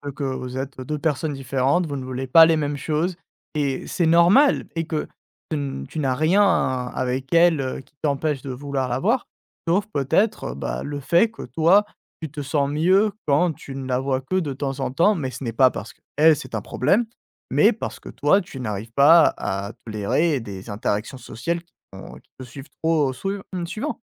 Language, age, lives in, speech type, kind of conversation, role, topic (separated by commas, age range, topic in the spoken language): French, 20-24, France, conversation, advice, advisor, Comment puis-je poser des limites à mes proches sans culpabiliser ?